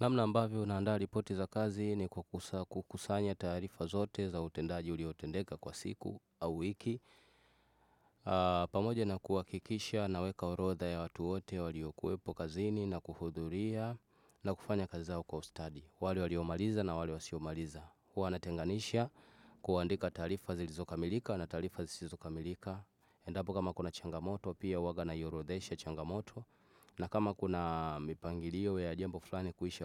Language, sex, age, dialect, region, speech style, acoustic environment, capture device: Swahili, male, 25-34, Tanzania - Dar es Salaam, Tanzania, focused, nature, desktop / Windows / Chrome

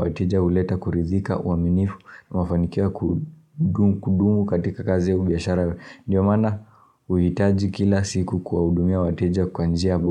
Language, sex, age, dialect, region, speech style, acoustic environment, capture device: Swahili, male, 18-24, Kenya - Nairobi Sheng-influenced, Kenya, happy, silence, mobile / Linux / Chrome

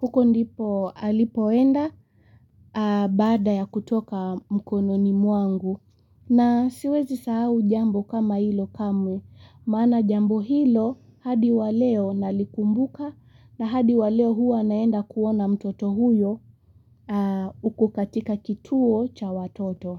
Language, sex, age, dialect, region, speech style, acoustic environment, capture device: Swahili, female, 18-24, Kenya - Mombasa, Kenya, relaxed, nature, mobile / Linux / Chrome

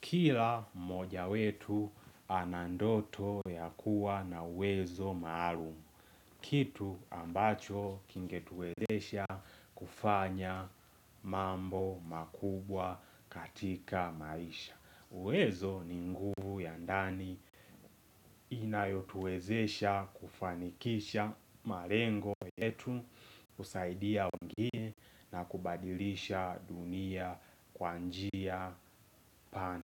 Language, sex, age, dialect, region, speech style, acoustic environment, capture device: Swahili, male, 18-24, Tanzania - Dar es Salaam, Tanzania, relaxed; happy; focused; excited, silence; nature, mobile / Linux / Chrome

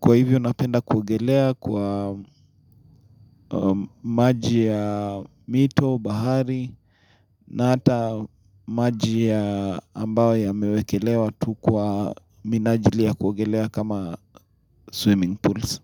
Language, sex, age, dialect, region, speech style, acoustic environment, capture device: Swahili, male, 25-34, Kenya - Nairobi Sheng-influenced, Kenya, nervous; happy, silence, mobile / Linux / Chrome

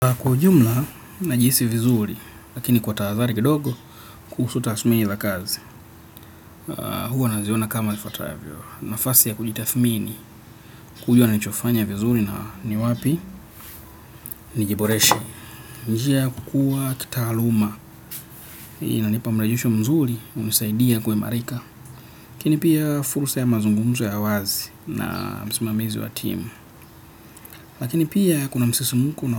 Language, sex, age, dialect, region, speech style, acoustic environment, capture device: Swahili, male, 25-34, Kenya - Nairobi Sheng-influenced, Kenya, happy, people talking; nature, desktop / Windows / Chrome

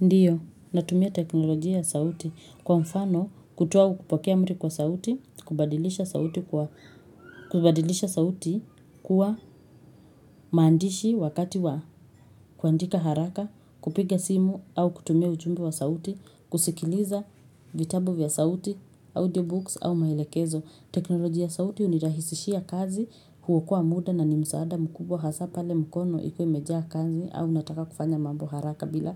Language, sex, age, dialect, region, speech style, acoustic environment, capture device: Swahili, female, 25-34, Kenya - Nairobi Sheng-influenced, Kenya, relaxed, silence, mobile / Linux / Chrome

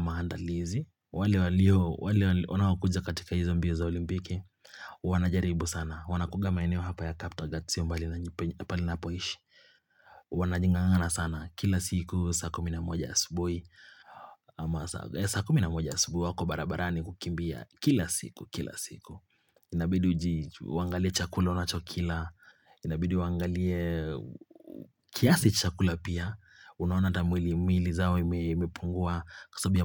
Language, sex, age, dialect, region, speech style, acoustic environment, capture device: Swahili, male, 25-34, Kenya - Nairobi Sheng-influenced, Kenya, focused, silence, mobile / Linux / Chrome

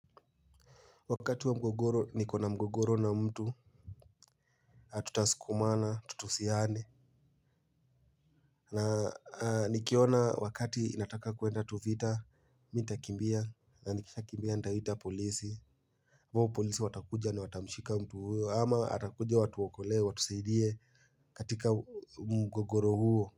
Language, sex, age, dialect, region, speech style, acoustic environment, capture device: Swahili, male, 18-24, Kenya - Nairobi Sheng-influenced, Kenya, focused; happy, silence, mobile / Linux / Chrome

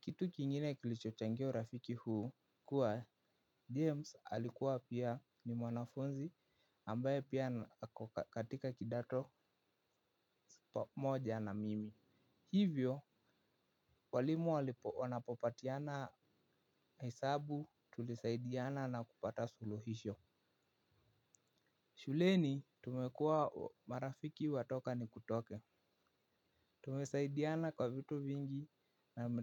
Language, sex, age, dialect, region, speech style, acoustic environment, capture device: Swahili, male, 18-24, Kenya - Nairobi Sheng-influenced, Kenya, happy, silence, mobile / Linux / Chrome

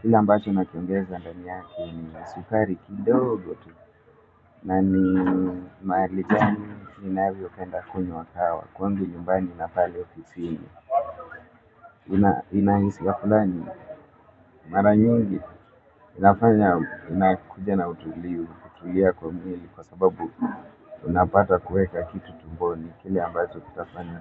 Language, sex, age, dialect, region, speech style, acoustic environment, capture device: Swahili, male, 25-34, Kenya - Nairobi Sheng-influenced, Kenya, focused, people talking; music; nature, mobile / Linux / Chrome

